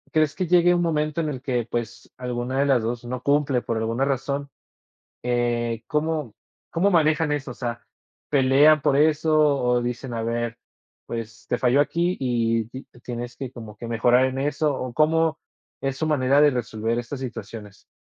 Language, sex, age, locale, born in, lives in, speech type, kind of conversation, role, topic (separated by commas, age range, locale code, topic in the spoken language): Spanish, male, 20-24, es-MX, Mexico, Mexico, conversation, podcast, host, ¿Cómo pueden repartir las tareas del hogar sin pelear?